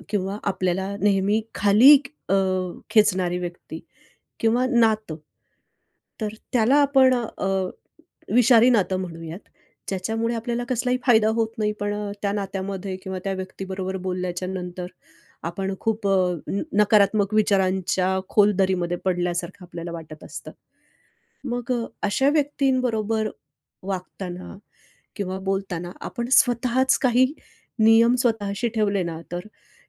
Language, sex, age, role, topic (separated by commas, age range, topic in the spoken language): Marathi, female, 45-49, guest, विषारी नात्यासाठी मर्यादा कशा ठरवता आणि कायम ठेवता?